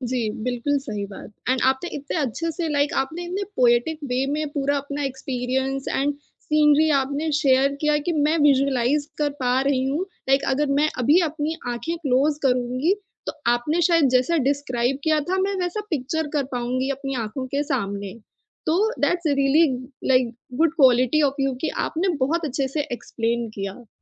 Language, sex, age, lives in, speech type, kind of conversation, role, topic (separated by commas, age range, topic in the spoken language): Hindi, female, 25-29, India, conversation, podcast, host, Solo trip ka sabse yaadgar pal tumhare liye kya tha?